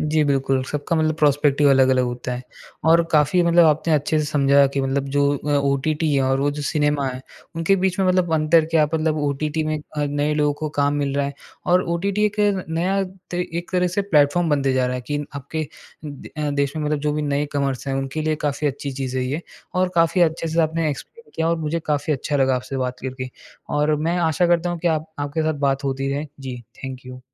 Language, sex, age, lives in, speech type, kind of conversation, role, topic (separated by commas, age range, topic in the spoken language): Hindi, male, 20-24, India, conversation, podcast, host, Kya streaming ne cinema ka maza kam kiya hai ya badhaya hai, tum kya kehte ho?